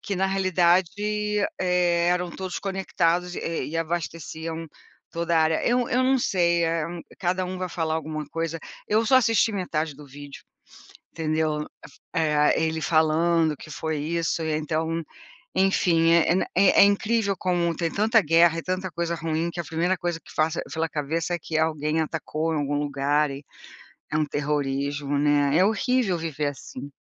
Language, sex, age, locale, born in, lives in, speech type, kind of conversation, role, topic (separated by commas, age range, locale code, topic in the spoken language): Portuguese, female, 60-64, pt-BR, Brazil, United States, conversation, unstructured, none, Por que as guerras continuam acontecendo mesmo com tanta informação disponível?